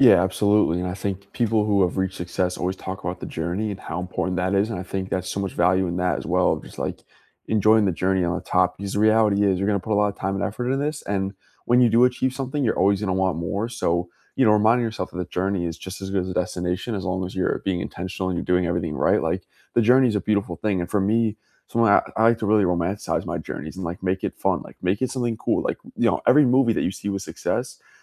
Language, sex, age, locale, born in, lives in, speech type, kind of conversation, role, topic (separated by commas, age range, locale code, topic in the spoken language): English, male, 20-24, en-US, United States, United States, conversation, unstructured, none, How do you usually stay motivated toward your goals?